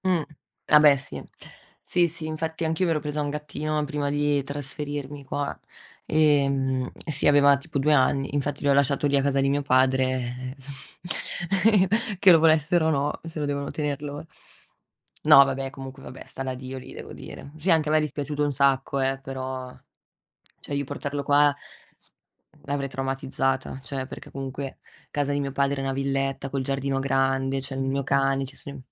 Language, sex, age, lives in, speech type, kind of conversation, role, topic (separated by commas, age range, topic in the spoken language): Italian, female, 25-29, Italy, conversation, unstructured, none, Cosa ti piacerebbe fare in futuro insieme alla persona che ami?